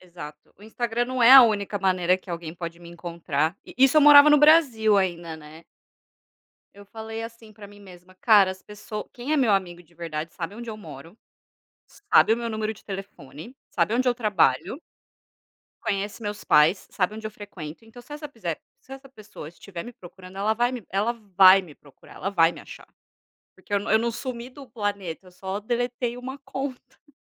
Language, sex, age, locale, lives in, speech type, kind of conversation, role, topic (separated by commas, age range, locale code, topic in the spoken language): Portuguese, female, 30-34, pt-BR, Spain, conversation, unstructured, none, A tecnologia já provocou alguma tristeza na sua vida?